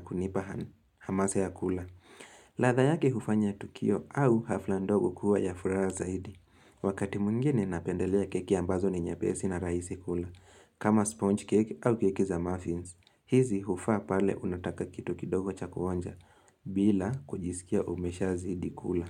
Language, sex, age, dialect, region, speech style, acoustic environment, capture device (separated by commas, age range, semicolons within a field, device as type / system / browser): Swahili, male, 18-24, Kenya - Nairobi Sheng-influenced, Kenya, relaxed; focused, silence, mobile / Linux / Chrome